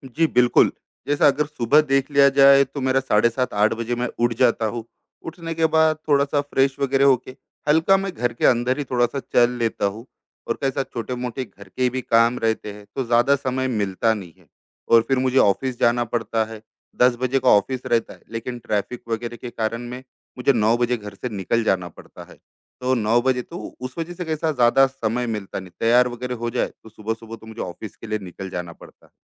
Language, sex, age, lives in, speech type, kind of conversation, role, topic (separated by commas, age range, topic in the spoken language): Hindi, male, 35-39, India, conversation, advice, user, मैं अपने लक्ष्य कैसे स्पष्ट करूँ और प्राथमिकताएँ कैसे तय करूँ?